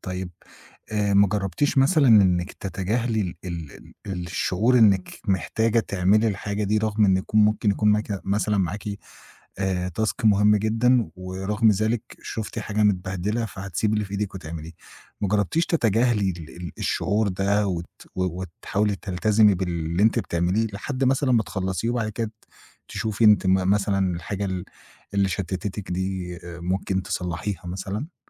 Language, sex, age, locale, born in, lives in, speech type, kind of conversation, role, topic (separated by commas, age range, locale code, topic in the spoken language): Arabic, male, 25-29, ar-EG, Egypt, Egypt, conversation, advice, advisor, إزاي أرتّب أولوياتي عشان ما أضيعش وقتي في مهام مش مهمة؟